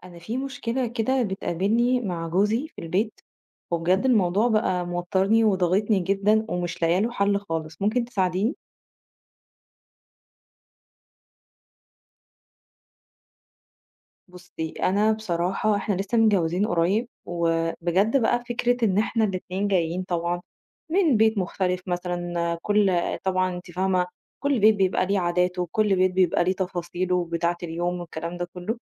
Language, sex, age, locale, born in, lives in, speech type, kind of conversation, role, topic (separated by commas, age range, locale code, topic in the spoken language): Arabic, female, 30-34, ar-EG, Egypt, Egypt, conversation, advice, user, إزاي أتعامل مع الخلاف بيني وبين شريكي بخصوص عادات الأكل في البيت؟